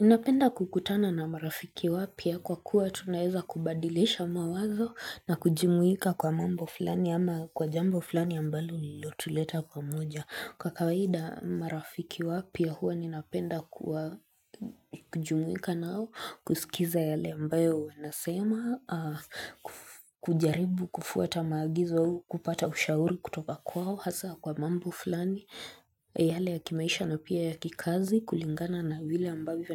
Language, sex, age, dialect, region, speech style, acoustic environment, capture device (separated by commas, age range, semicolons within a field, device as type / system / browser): Swahili, female, 25-34, Kenya - Nairobi Sheng-influenced, Kenya, relaxed, silence, mobile / Linux / Chrome